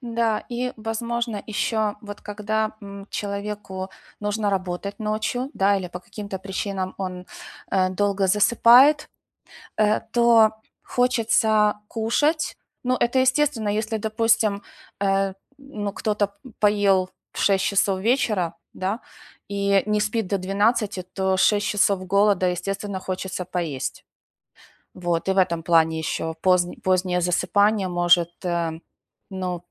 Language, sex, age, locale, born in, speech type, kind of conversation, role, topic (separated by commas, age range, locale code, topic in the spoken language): Russian, female, 45-49, ru-UA, Ukraine, conversation, advice, advisor, Как снова найти мотивацию после того, как прогресс остановился?